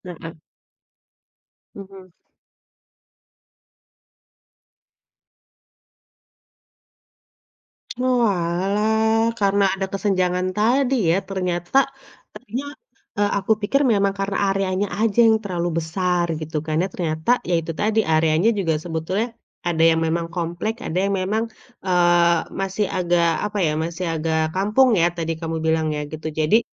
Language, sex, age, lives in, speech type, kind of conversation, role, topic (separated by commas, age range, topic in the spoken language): Indonesian, female, 35-39, Indonesia, conversation, podcast, host, Bagaimana solidaritas warga terlihat dan bekerja saat bencana lokal terjadi?